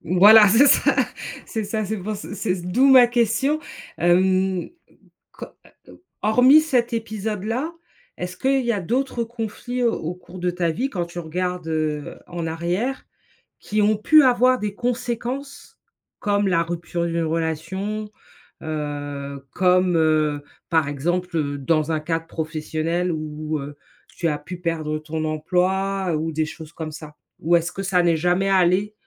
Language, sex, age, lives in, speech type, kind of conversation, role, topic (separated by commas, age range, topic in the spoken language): French, female, 45-49, United States, conversation, advice, advisor, Comment faites-vous pour éviter les conflits malgré des ressentis très forts ?